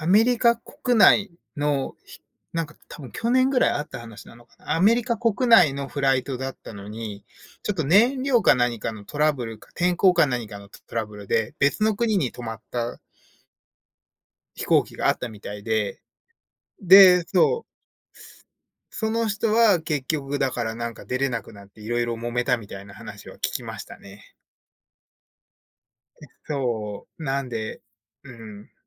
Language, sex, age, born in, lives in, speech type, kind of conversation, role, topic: Japanese, male, 30-34, Japan, United States, conversation, unstructured, none, 旅先で起きた予想外の出来事を教えてください?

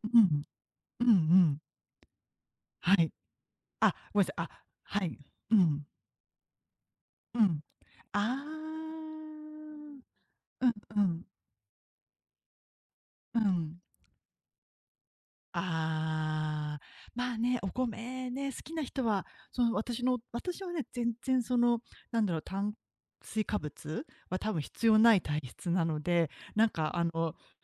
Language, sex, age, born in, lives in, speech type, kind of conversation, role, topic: Japanese, female, 40-44, Japan, Japan, conversation, unstructured, none, 健康を保つために普段どんなことをしていますか？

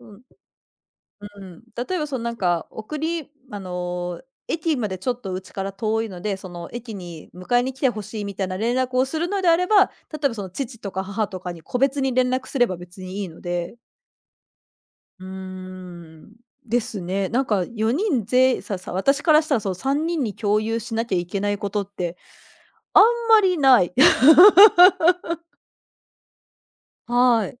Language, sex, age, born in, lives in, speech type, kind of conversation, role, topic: Japanese, female, 40-44, Japan, Japan, conversation, podcast, guest, SNSは家族関係にどのような影響を与えたと感じますか？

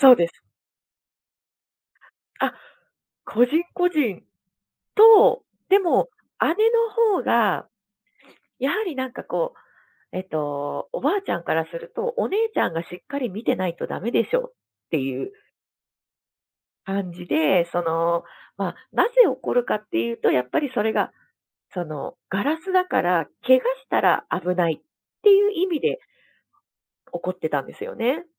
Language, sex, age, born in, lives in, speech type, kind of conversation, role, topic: Japanese, female, 35-39, Japan, Japan, conversation, podcast, guest, 家族との大切な思い出を教えてくれますか？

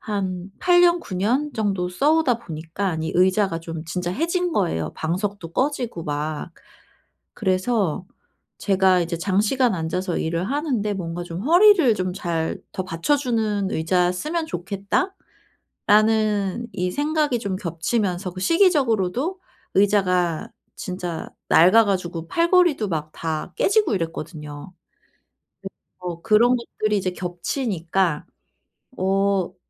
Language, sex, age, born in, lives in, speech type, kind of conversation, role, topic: Korean, female, 40-44, South Korea, South Korea, conversation, advice, user, 하루 지출에서 불필요한 소비를 어떻게 줄일 수 있을까요?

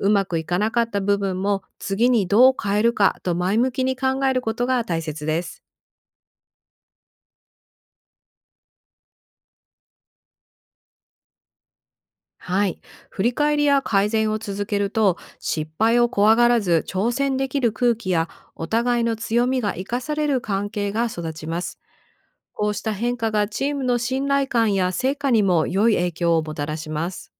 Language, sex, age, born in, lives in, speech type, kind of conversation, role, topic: Japanese, female, 45-49, Japan, United States, read, expert-assistant, expert, マーケティングの現場で、失敗からどうやって前向きに学べますか？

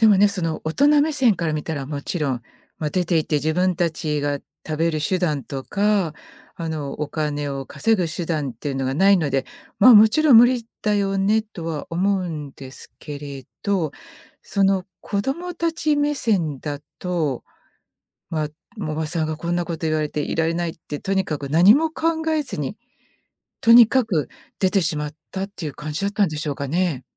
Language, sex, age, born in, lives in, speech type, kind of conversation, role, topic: Japanese, female, 55-59, Japan, United States, conversation, podcast, host, 再放送で見返したときに、作品の印象が変わったことはありますか？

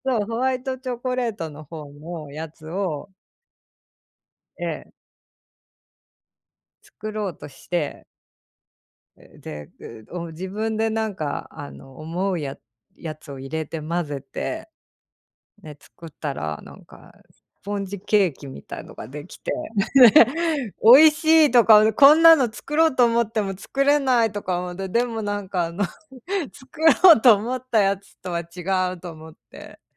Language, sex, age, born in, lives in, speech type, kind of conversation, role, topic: Japanese, female, 45-49, Japan, United States, conversation, unstructured, none, 料理を学ぶには、料理教室とオンラインレシピのどちらが学びやすいですか？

